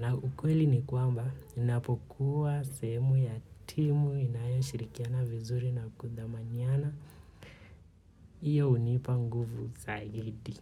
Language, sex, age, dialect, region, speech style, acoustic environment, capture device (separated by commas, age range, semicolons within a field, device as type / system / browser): Swahili, male, 25-34, Kenya - Nairobi Sheng-influenced, Kenya, relaxed; focused, nature; people talking, mobile / Linux / Chrome